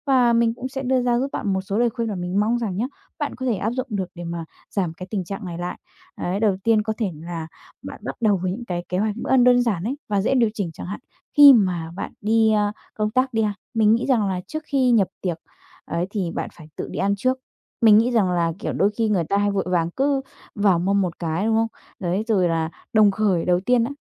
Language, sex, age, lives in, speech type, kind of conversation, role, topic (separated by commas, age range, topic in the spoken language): Vietnamese, female, 20-24, Vietnam, conversation, advice, advisor, Làm sao để ăn uống lành mạnh khi lịch sinh hoạt của bạn thay đổi?